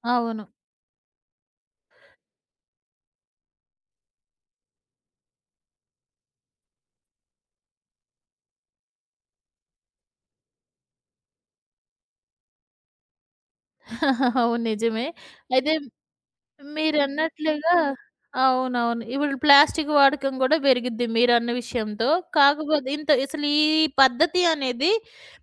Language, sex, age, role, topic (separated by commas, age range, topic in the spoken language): Telugu, female, 40-44, host, పండుగల కాలంలో చెత్తను ఎలా తగ్గించుకోవాలి?